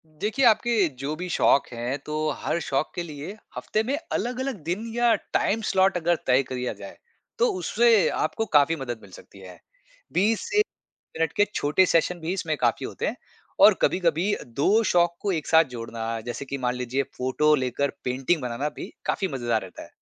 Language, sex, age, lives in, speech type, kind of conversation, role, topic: Hindi, male, 35-39, India, read, expert-assistant, expert, नई क्रिएटिव हॉबी शुरू करने के लिए मैं अपनी दिनचर्या में समय कैसे निकालूं, ताकि बाकी शौक भी चलते रहें?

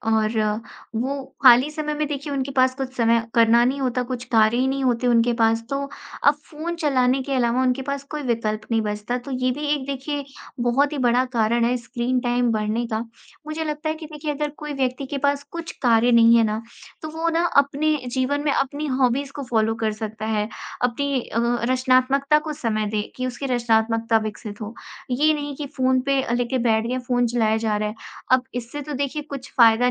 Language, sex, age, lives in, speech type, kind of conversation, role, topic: Hindi, female, 20-24, India, conversation, podcast, guest, रात में आप फोन और स्क्रीन का इस्तेमाल कैसे करते हैं?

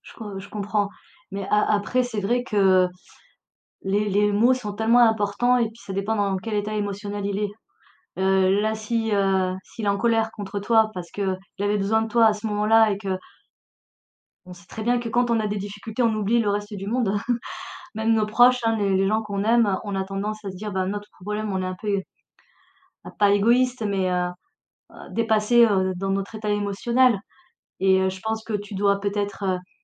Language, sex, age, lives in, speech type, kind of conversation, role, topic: French, female, 40-44, France, conversation, advice, advisor, Comment gérer un conflit avec un ami proche après un malentendu ?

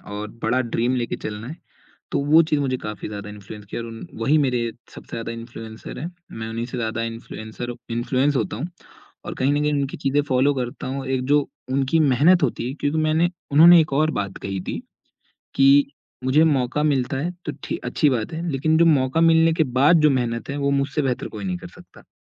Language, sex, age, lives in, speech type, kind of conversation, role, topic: Hindi, male, 20-24, India, conversation, podcast, guest, Tumhara favourite influencer kaise bana, uski story kya hai?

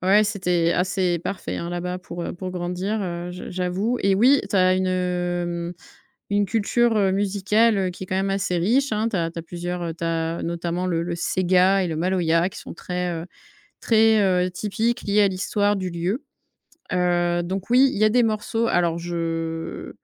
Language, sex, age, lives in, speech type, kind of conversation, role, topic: French, female, 30-34, France, conversation, podcast, guest, Quelle chanson te rappelle ton enfance ?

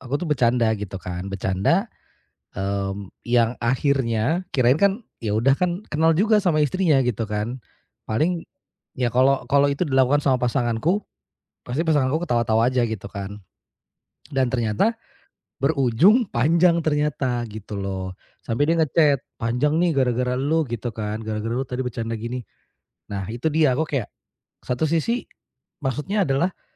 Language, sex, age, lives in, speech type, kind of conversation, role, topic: Indonesian, male, 35-39, Indonesia, conversation, advice, user, Bagaimana sebaiknya saya berbicara saat ragu antara meminta maaf atau membela diri?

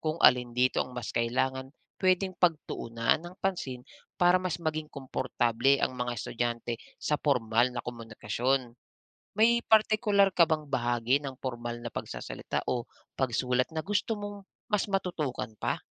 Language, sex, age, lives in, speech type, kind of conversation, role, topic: Filipino, male, 35-39, Philippines, read, expert-assistant, expert, Paano naaapektuhan ng social media ang paraan ng pakikipag-usap at paggamit ng wikang Filipino?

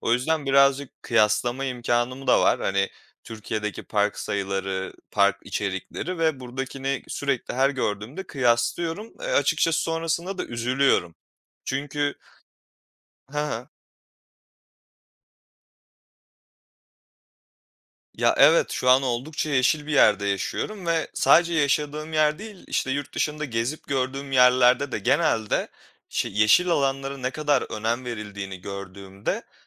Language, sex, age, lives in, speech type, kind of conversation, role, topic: Turkish, male, 25-29, Poland, conversation, podcast, guest, Şehirde daha fazla yeşil alan oluşturmak için neler yapmalıyız?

